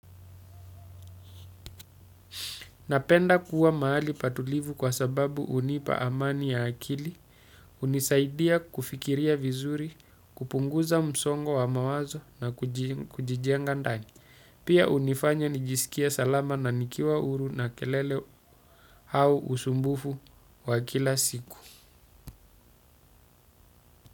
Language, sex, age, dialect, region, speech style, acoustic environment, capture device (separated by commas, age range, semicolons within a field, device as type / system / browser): Swahili, male, 35-44, Kenya - Mombasa, Kenya, focused, silence, desktop / Windows / Chrome